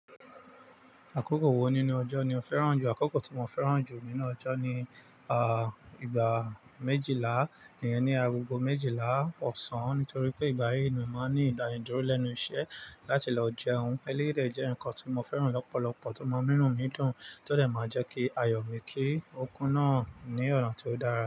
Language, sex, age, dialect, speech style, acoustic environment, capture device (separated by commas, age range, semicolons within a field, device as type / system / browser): Yoruba, male, 35-44, Nigeria - Nigerian Standard, excited, nature, mobile / Linux / Chrome